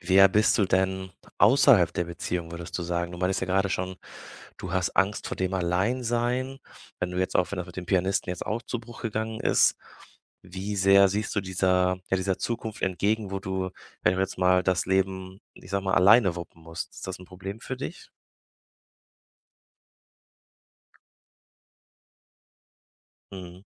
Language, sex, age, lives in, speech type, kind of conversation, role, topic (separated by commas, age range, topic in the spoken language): German, male, 25-29, Germany, conversation, advice, advisor, Wie erlebst du deine Schuldgefühle nach der Trennung und welche Selbstvorwürfe machst du dir?